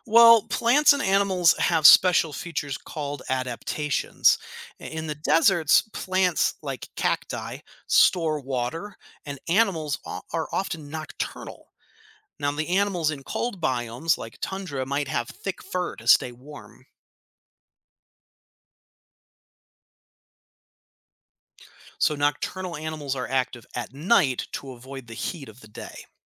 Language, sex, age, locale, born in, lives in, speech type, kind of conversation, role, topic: English, male, 35-39, en-US, United States, United States, read, expert-assistant, expert, What is a biome?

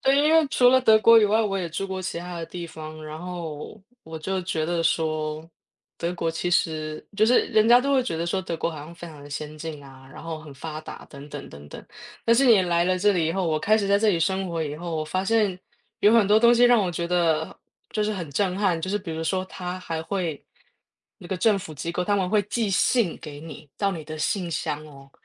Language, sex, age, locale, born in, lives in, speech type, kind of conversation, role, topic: Chinese, female, 35-39, zh-TW, Taiwan, Germany, conversation, unstructured, none, 你的梦想旅行目的地是哪里？为什么想去那里？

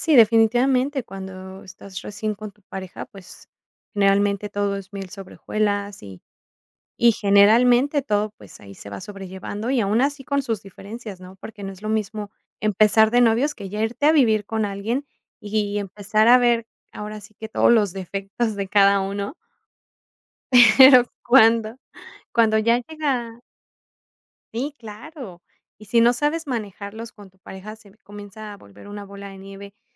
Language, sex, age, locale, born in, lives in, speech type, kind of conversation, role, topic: Spanish, female, 35-39, es-MX, Mexico, Mexico, conversation, podcast, host, ¿Cómo negocias las responsabilidades del hogar con tu pareja?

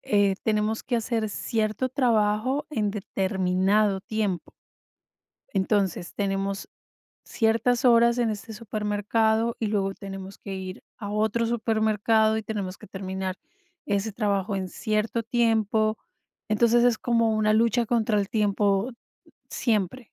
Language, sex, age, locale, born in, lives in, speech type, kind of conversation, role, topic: Spanish, female, 45-49, es-CO, Colombia, United States, conversation, advice, user, ¿Cómo puedo saber qué tareas debo delegar y cuándo hacerlo?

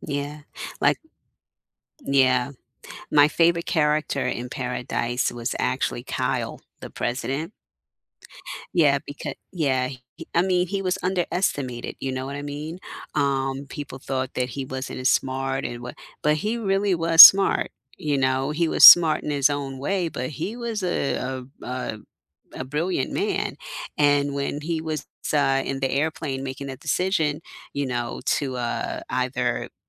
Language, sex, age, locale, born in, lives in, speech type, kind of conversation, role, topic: English, female, 50-54, en-US, United States, United States, conversation, unstructured, none, What plot twists have shocked you, without spoilers—just vibes?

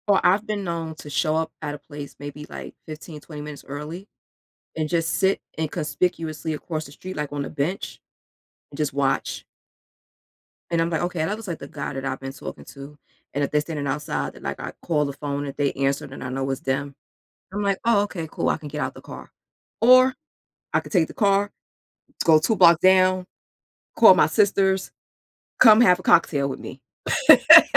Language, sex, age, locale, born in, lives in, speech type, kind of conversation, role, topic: English, female, 40-44, en-US, United States, United States, conversation, podcast, guest, In what ways does personal style shape how we are perceived by others?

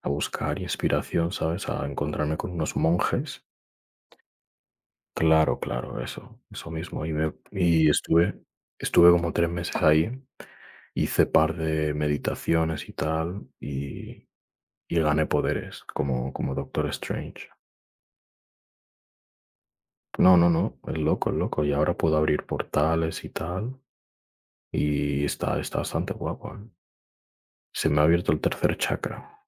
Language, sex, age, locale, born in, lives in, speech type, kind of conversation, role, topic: Spanish, male, 20-24, es-ES, Spain, Spain, conversation, unstructured, none, Entre la playa y la montaña, ¿cuál es tu destino ideal para vacacionar?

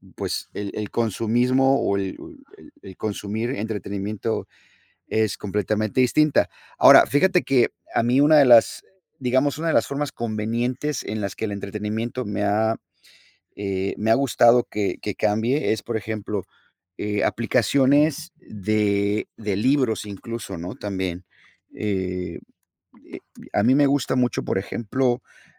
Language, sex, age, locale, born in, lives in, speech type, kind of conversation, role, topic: Spanish, male, 50-54, es-MX, United States, United States, conversation, unstructured, none, ¿Prefieres leer libros, ver series o ver películas para entretenerte?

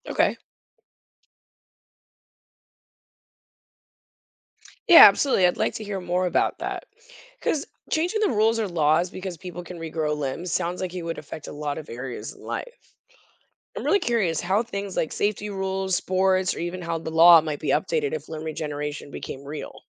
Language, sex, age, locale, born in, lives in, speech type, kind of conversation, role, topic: English, female, 35-39, en-US, United States, United States, read, expert-assistant, asker, What if humans could regenerate lost limbs?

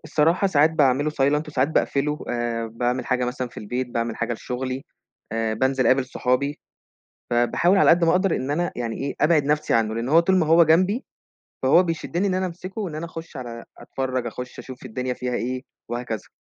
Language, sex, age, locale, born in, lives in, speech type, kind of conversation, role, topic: Arabic, male, 20-24, ar-EG, Egypt, Egypt, conversation, podcast, guest, إزاي بتوازن بين وقتك قدّام الشاشة وراحتك النفسية؟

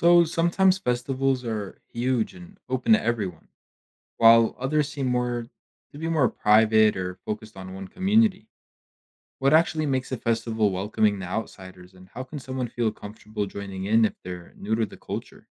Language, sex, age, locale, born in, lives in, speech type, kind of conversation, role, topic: English, male, 20-24, en-US, United States, United States, read, expert-assistant, asker, How do festivals contribute to cultural exchange?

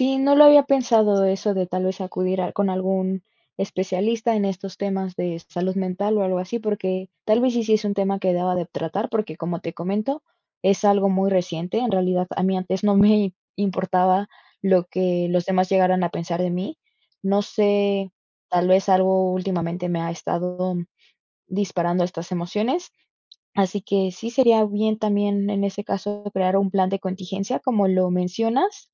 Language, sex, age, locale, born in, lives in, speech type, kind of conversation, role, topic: Spanish, female, 20-24, es-MX, Mexico, Mexico, conversation, advice, user, ¿Cómo te impide el miedo al juicio de los demás compartir o poner en práctica tus ideas?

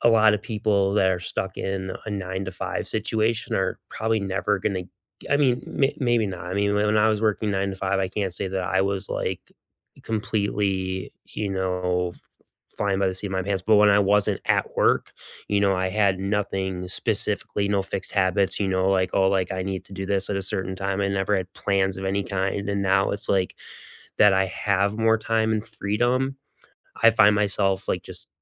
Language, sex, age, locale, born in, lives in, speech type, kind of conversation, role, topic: English, male, 35-39, en-US, United States, United States, conversation, unstructured, none, What is a small habit that has quietly improved your day-to-day life?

